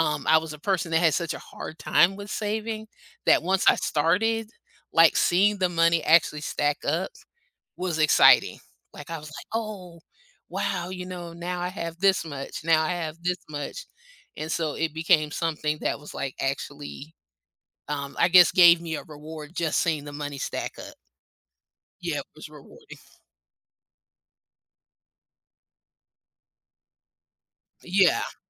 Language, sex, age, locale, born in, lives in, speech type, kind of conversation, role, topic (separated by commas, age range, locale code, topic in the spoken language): English, female, 50-54, en-US, United States, United States, conversation, podcast, host, What are some practical steps to build a consistent saving habit?